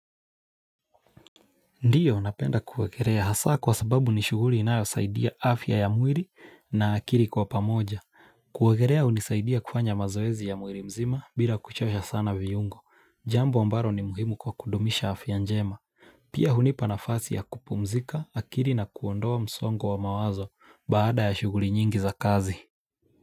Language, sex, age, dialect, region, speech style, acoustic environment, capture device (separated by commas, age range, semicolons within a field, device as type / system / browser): Swahili, male, 25-34, Kenya - Mombasa, Kenya, relaxed, silence, mobile / Linux / Chrome